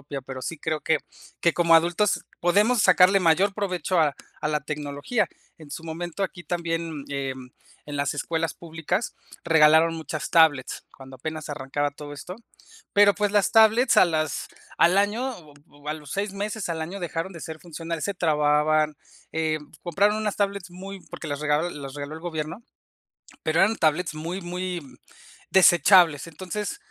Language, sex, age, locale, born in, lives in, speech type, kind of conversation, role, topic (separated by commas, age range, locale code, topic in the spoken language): Spanish, male, 35-39, es-MX, Mexico, Mexico, conversation, unstructured, none, ¿Crees que la tecnología ayuda a que todas las personas tengan acceso a la educación?